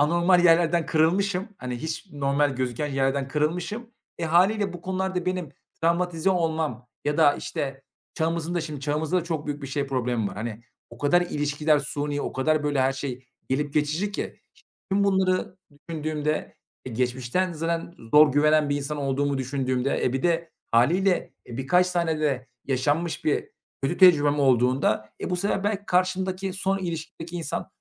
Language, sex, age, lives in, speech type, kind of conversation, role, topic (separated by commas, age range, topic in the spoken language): Turkish, male, 25-29, Bulgaria, conversation, advice, user, Partnerime karşı güvenimi kaybettim ve sadakatsizlikten şüpheleniyorum; ne hissediyorum ve bu durumu nasıl anlatabilirim?